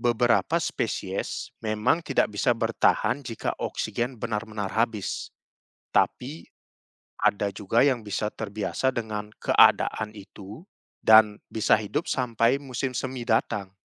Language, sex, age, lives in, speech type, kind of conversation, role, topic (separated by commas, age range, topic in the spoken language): Indonesian, male, 30-34, Netherlands, read, expert-assistant, expert, Mengapa es bisa mengapung di atas air?